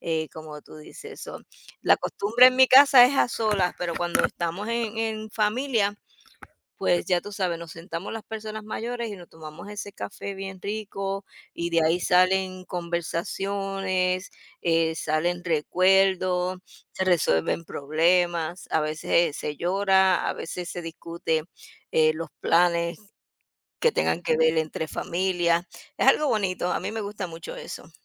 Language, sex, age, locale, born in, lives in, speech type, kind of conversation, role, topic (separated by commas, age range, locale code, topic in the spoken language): Spanish, female, 50-54, es-US, United States, United States, conversation, unstructured, none, ¿Conoces alguna costumbre que te parezca curiosa o divertida?